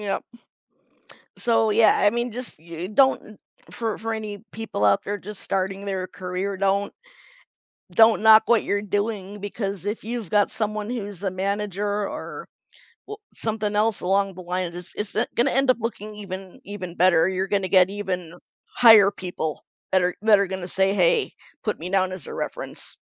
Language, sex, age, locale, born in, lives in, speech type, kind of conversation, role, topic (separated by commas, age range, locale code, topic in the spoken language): English, female, 45-49, en-US, United States, United States, conversation, podcast, guest, What are the most important lessons to learn when starting a new career?